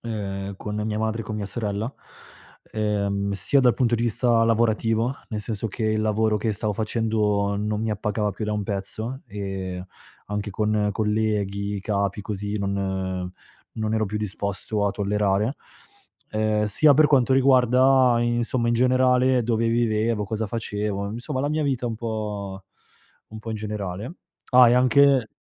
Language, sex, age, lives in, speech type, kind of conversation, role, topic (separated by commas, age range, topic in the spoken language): Italian, male, 30-34, Italy, conversation, podcast, guest, Qual è stato il momento che ti ha cambiato la vita?